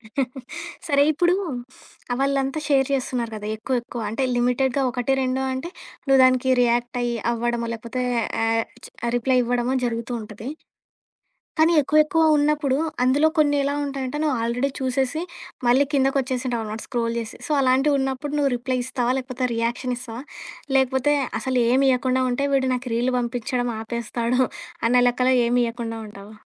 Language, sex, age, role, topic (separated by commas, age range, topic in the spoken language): Telugu, female, 25-29, host, పోస్ట్ చేయేముందు ఆలోచిస్తావా లేదా వెంటనే పంచుకుంటావా?